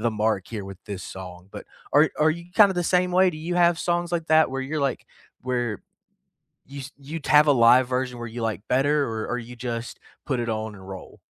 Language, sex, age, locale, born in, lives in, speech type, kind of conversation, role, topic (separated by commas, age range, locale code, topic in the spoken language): English, male, 25-29, en-US, United States, United States, conversation, unstructured, none, Which songs or sounds capture your mood this week, and why do they resonate?